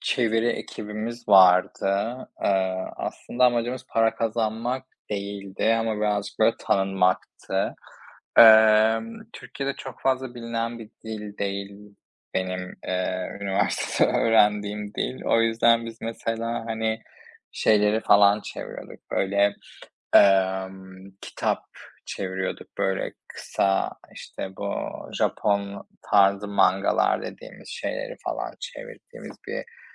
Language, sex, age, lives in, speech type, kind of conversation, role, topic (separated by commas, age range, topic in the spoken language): Turkish, male, 25-29, Spain, conversation, unstructured, none, Gelecekte hangi mesleği yapmak istiyorsun ve hayalindeki kariyer nasıl bir şey?